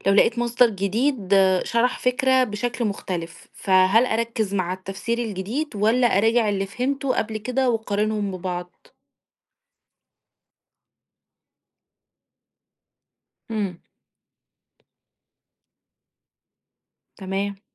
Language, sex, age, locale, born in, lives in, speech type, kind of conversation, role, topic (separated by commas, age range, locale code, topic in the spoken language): Arabic, female, 30-34, ar-EG, Egypt, Egypt, read, expert-assistant, asker, لو عايز أركز أكتر وأفهم مفاهيم معقدة زي الثقوب السودا أو المادة المظلمة، إيه النصايح العملية للمذاكرة أو البحث؟